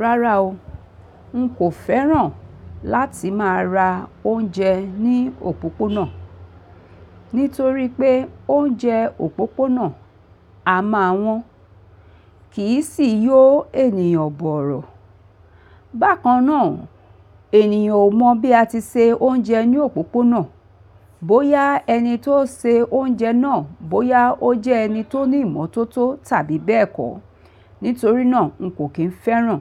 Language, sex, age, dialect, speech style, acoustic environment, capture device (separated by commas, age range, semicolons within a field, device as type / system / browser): Yoruba, female, 45-54, Nigeria - Lagos Yoruba, relaxed, people talking; appliances; traffic, mobile / Linux / Chrome